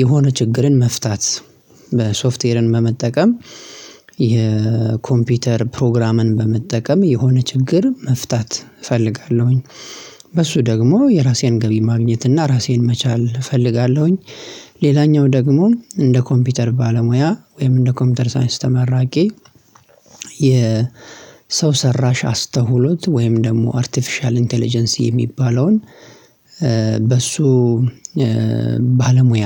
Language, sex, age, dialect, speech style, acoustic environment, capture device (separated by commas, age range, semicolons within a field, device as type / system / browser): Amharic, male, 25-34, Ethiopia - Addis Ababa, happy, silence, mobile / Linux / Chrome